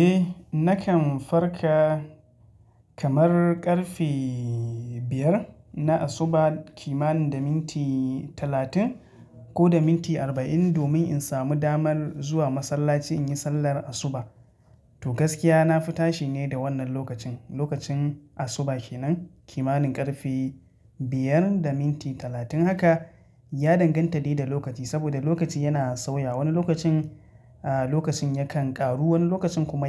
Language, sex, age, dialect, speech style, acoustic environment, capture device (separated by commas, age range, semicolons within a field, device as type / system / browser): Hausa, male, 25-34, Nigeria - Katsina, relaxed, silence, mobile / Linux / Chrome